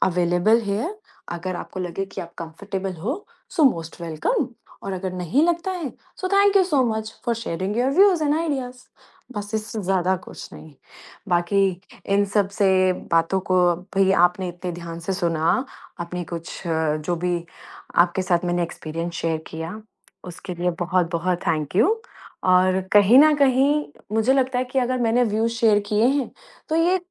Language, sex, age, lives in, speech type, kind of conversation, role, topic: Hindi, female, 35-39, India, conversation, podcast, guest, Jab tumhe kisi ki feelings samajhni hoti hain, toh tum usually kya tareeka apnaate ho?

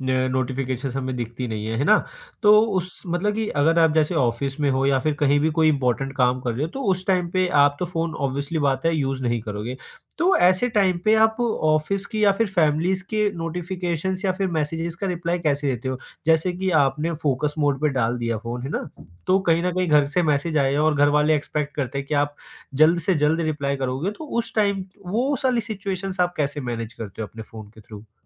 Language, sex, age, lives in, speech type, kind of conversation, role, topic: Hindi, male, 20-24, India, conversation, podcast, host, Phone aur notifications ko kaise control karne ke best tareeke kya hain?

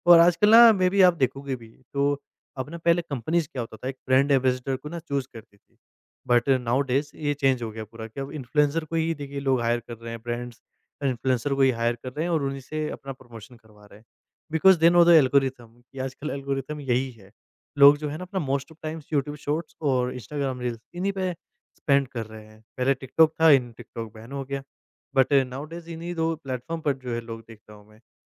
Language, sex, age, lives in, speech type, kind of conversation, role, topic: Hindi, male, 20-24, India, conversation, podcast, guest, Influencer banna shuru karne ke liye kya karna chahiye?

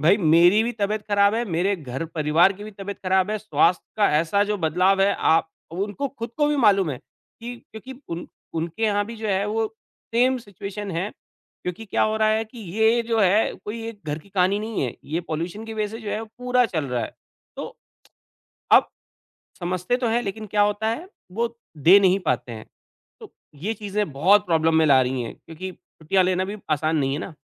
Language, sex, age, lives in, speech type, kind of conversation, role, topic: Hindi, male, 40-44, India, conversation, advice, user, आपके स्वास्थ्य में अचानक क्या बदलाव हुआ है?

